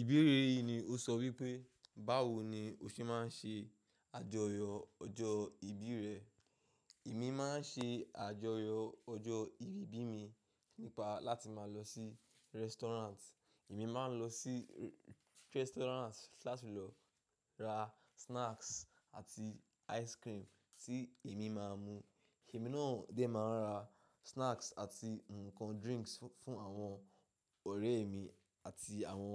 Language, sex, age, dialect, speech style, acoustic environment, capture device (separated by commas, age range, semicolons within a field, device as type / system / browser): Yoruba, male, 25-34, Nigeria - Ibadan, relaxed, nature; silence, mobile / macOS / Safari